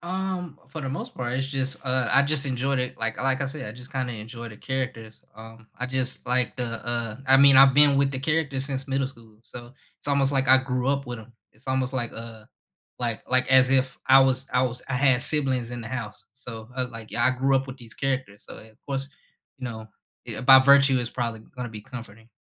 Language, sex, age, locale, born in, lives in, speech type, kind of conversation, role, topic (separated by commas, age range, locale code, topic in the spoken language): English, male, 25-29, en-US, United States, United States, conversation, unstructured, none, Which comfort TV shows do you rewatch on repeat, and what memories or feelings keep you coming back?